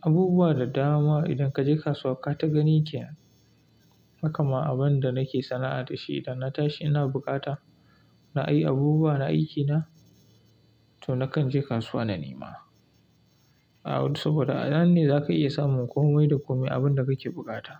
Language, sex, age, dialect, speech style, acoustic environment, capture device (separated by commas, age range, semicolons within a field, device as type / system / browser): Hausa, male, 25-34, Nigeria - Kano, happy; relaxed, silence; appliances, mobile / Linux / Chrome